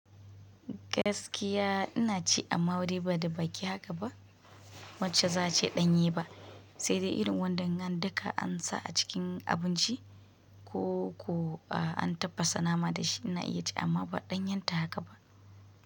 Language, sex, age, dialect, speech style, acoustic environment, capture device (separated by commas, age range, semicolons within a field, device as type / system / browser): Hausa, female, 25-34, Nigeria - Kano, focused, nature, mobile / Linux / Chrome